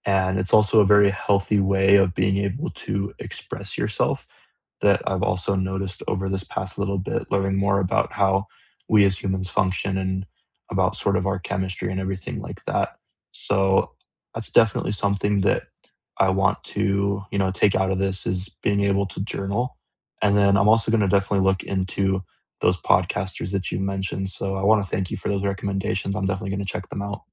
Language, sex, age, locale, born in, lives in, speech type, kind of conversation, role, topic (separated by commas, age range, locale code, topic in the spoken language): English, male, 20-24, en-US, United States, United States, conversation, unstructured, none, What songs, podcasts, and quiet moments make up the soundtrack of your week?